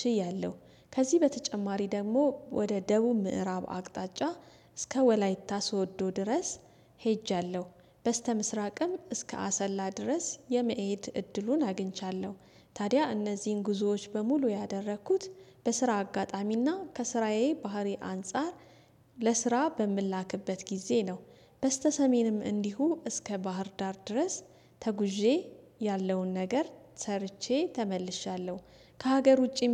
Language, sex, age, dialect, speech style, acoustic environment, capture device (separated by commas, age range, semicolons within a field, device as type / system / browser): Amharic, female, 35-44, Ethiopia - Addis Ababa, relaxed, silence, desktop / Windows / Chrome